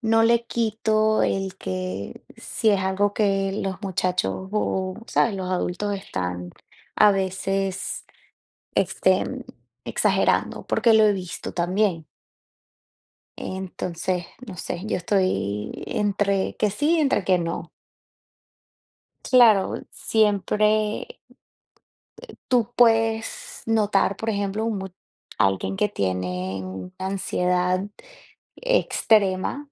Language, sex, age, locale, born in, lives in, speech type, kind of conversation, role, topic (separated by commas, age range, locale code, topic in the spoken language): Spanish, female, 30-34, es-VE, Venezuela, United States, conversation, unstructured, none, ¿Crees que hoy en día se exagera la ansiedad?